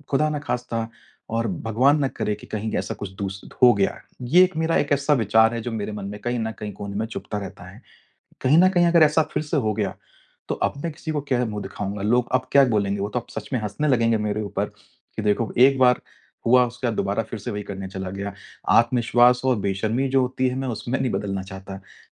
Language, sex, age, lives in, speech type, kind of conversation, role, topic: Hindi, male, 35-39, India, conversation, advice, user, मैं किसी शर्मनाक पल के बाद जल्दी कैसे संभल सकता/सकती हूँ?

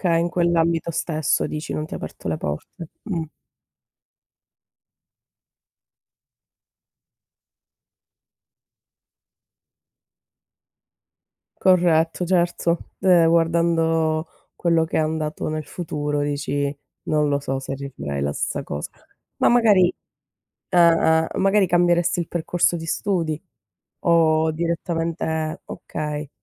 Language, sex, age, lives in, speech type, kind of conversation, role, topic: Italian, female, 30-34, Italy, conversation, unstructured, none, Quale momento del passato vorresti rivivere?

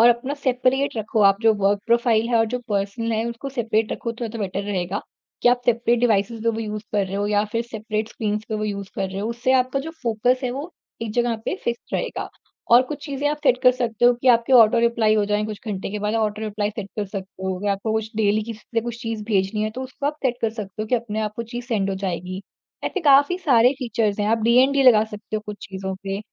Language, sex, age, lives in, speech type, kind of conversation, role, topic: Hindi, female, 40-44, India, conversation, podcast, guest, Tumhare hisaab se smartphone kaam aur life balance ko kaise badal raha hai?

